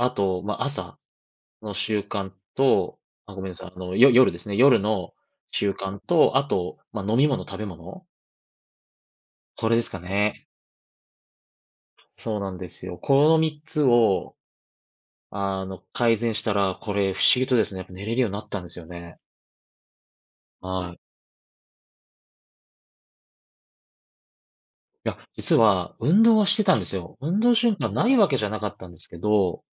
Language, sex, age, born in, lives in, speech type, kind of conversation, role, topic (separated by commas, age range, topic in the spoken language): Japanese, male, 35-39, Japan, Japan, conversation, podcast, guest, 睡眠は時間よりも質を重視しますか、それとも量を重視しますか？